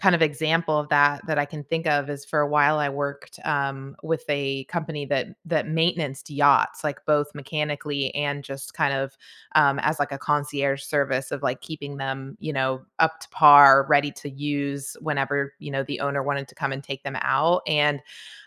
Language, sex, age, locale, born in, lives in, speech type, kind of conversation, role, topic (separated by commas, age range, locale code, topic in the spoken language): English, female, 40-44, en-US, United States, United States, conversation, unstructured, none, Which learning style works best for you—visual, hands-on, or auditory?